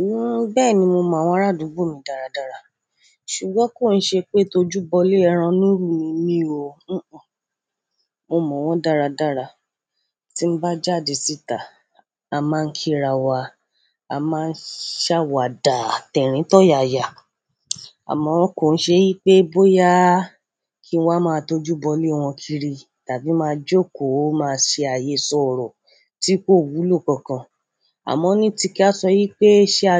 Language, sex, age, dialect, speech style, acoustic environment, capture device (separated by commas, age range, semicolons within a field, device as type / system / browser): Yoruba, female, 25-34, Nigeria - Ibadan, happy; excited; focused; relaxed, silence; construction; nature, mobile / Android / Chrome